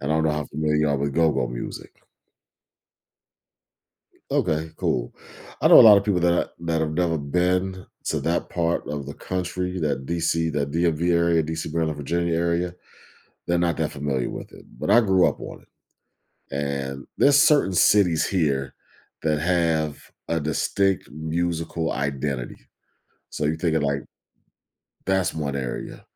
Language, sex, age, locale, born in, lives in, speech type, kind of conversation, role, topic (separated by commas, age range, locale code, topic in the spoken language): English, male, 40-44, en-US, United States, United States, conversation, podcast, guest, In what ways can music shape our emotions and well-being?